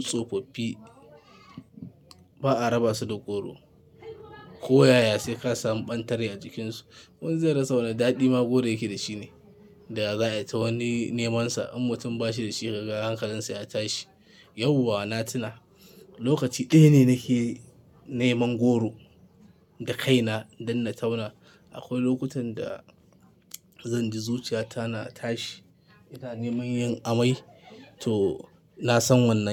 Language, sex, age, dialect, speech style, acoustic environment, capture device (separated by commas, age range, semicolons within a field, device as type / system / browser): Hausa, male, 25-34, Nigeria - Kano, happy, silence, mobile / Linux / Chrome